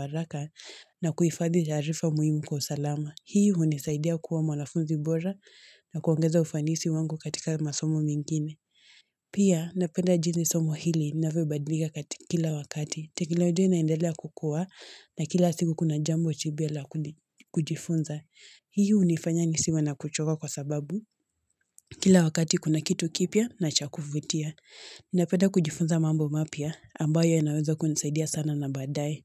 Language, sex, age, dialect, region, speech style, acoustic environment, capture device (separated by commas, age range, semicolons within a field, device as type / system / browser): Swahili, female, 18-24, Kenya - Mombasa, Kenya, relaxed; focused, people talking; nature, mobile / Linux / Chrome